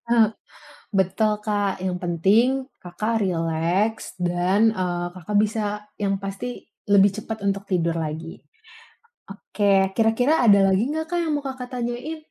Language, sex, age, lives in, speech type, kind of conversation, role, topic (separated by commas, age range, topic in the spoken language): Indonesian, female, 20-24, Indonesia, conversation, advice, advisor, Bagaimana pikiran yang berulang membuat Anda sulit tidur?